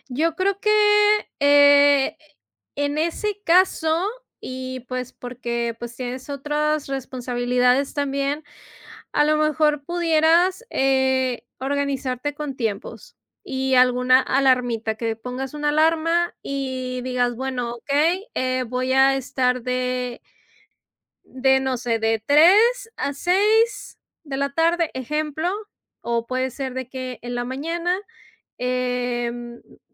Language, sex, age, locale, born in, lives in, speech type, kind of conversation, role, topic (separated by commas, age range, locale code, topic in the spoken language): Spanish, female, 35-39, es-MX, Mexico, Mexico, conversation, advice, advisor, ¿Cómo procrastinas repetidamente cuando tienes que hacer tareas importantes?